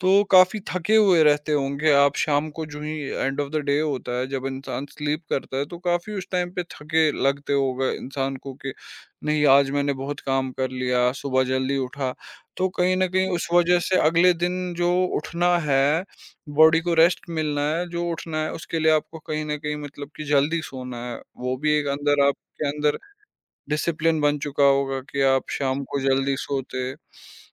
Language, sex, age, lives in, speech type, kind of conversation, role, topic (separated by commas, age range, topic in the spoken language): Hindi, male, 25-29, India, conversation, podcast, host, Busy schedule mein aap apni consistency kaise banaye rakhte ho?